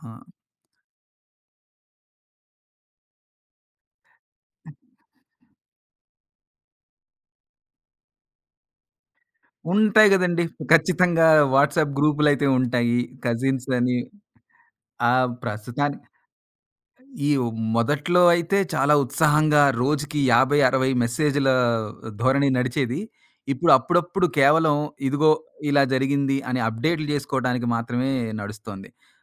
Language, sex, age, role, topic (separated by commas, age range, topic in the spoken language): Telugu, male, 40-44, guest, బిజీ జీవితంలో మీ సంబంధాలకు మీరు ఎలా సమయం కేటాయిస్తారు?